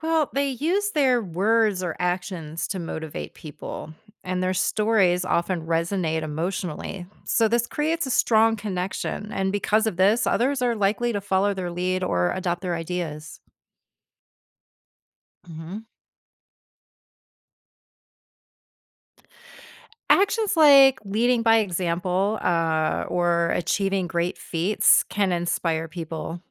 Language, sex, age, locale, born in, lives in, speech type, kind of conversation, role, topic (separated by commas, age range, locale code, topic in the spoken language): English, female, 50-54, en-US, United States, United States, read, expert-assistant, expert, What makes a historical figure influential in society?